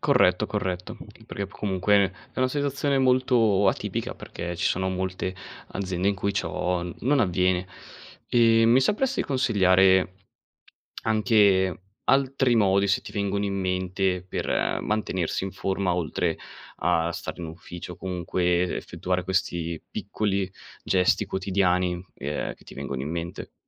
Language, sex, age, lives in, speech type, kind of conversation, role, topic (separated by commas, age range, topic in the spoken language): Italian, male, 20-24, Italy, conversation, podcast, host, Cosa fai per restare attivo senza andare in palestra?